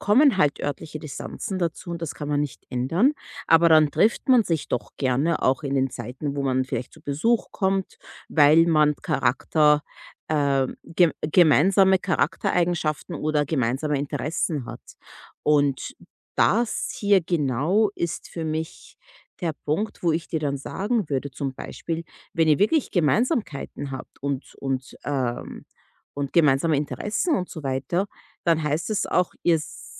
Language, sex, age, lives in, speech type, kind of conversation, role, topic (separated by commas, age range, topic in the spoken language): German, female, 30-34, United States, conversation, advice, advisor, Wie finde ich im Alltag die richtige Balance zwischen Feiern mit Freunden und Erholung?